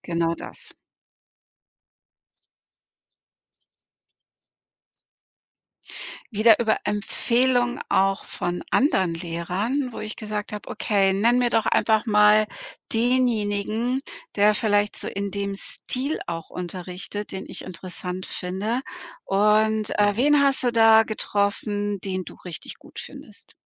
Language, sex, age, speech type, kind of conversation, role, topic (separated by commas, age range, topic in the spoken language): German, female, 55-59, conversation, podcast, guest, Was macht für dich einen guten Mentor oder eine gute Mentorin aus?